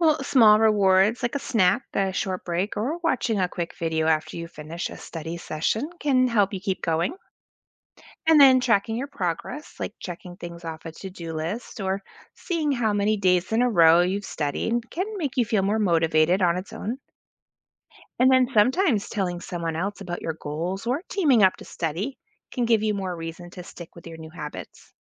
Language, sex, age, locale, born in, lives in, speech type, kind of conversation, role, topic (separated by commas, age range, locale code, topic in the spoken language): English, female, 40-44, en-US, United States, United States, read, expert-assistant, expert, What are the benefits of developing good study habits early in life?